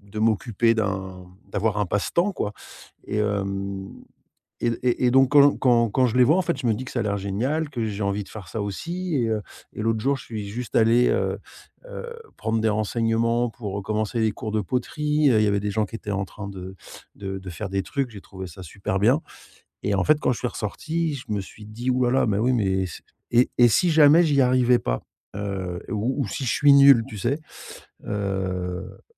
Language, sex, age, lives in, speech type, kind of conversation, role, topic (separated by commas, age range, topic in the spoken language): French, male, 50-54, Spain, conversation, advice, user, Comment puis-je surmonter la peur d’essayer un nouveau passe-temps par crainte de ne pas être à la hauteur ?